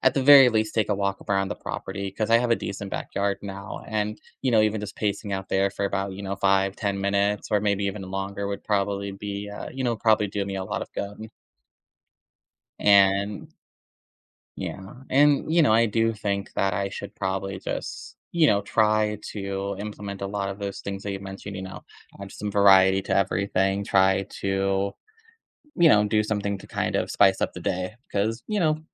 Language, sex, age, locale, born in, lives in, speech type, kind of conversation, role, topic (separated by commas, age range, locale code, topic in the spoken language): English, male, 25-29, en-US, United States, United States, conversation, advice, user, How can I make my daily routine more exciting and varied?